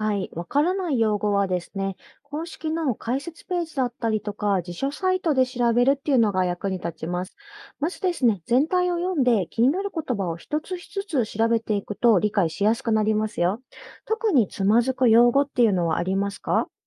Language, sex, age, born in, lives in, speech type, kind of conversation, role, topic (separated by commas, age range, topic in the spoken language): Japanese, female, 30-34, Japan, Japan, read, expert-assistant, expert, 宇宙探査について詳しく知りたいとき、どんな方法で信頼できる情報を見つけていますか？